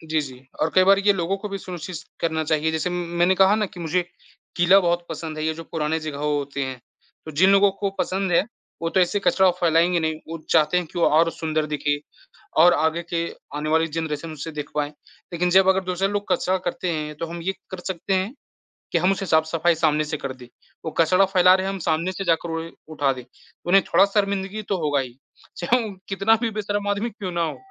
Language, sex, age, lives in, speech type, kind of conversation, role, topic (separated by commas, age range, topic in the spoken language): Hindi, male, 20-24, India, conversation, unstructured, none, क्या यात्रा के दौरान गंदगी देखकर कभी आपका मन खराब हुआ है?